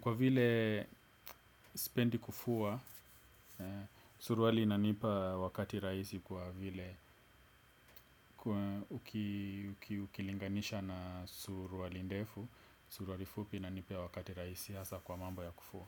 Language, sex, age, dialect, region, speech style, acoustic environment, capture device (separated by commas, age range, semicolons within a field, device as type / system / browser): Swahili, male, 25-34, Kenya - Nairobi Sheng-influenced, Kenya, relaxed, silence, desktop / Windows / Edge